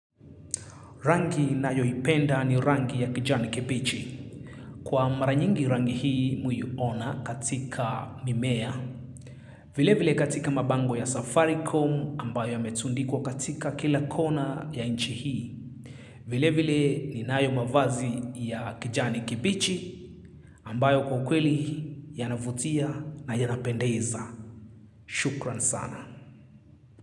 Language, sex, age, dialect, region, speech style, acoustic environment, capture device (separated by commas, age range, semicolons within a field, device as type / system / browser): Swahili, male, 25-34, Kenya - Nairobi Sheng-influenced, Kenya, happy; relaxed; focused; excited, silence, mobile / Linux / Chrome